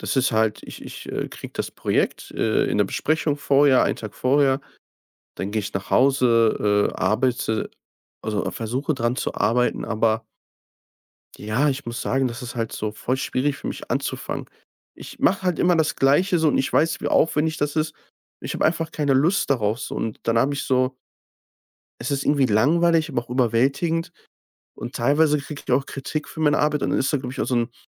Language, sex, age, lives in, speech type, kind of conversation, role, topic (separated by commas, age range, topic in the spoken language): German, male, 25-29, Germany, conversation, advice, user, Warum schiebst du wichtige, anspruchsvolle Aufgaben immer wieder auf?